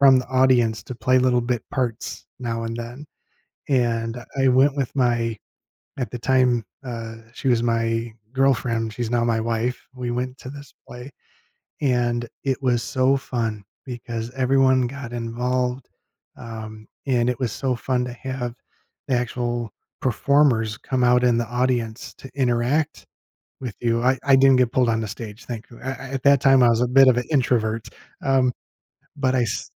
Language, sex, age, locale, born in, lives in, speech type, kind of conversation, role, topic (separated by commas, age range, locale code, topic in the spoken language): English, male, 50-54, en-US, United States, United States, conversation, podcast, host, In what ways does entertainment help people connect and build relationships?